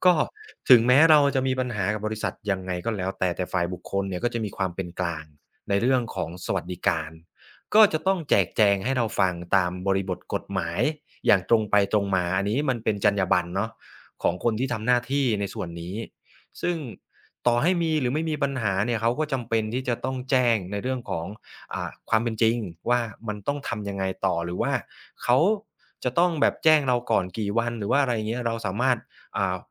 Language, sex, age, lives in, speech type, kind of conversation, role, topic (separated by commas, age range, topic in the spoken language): Thai, male, 35-39, Thailand, conversation, podcast, guest, เมื่อโดนเลิกจ้าง คุณรับมือกับความไม่แน่นอนอย่างไร?